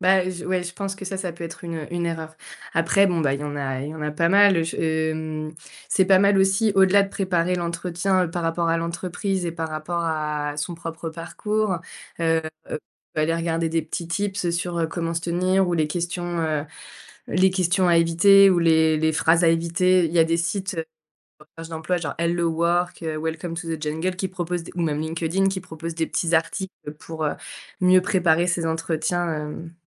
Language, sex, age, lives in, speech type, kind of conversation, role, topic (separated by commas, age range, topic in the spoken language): French, female, 30-34, France, conversation, podcast, guest, Quels conseils donnerais-tu pour réussir un entretien d’embauche ?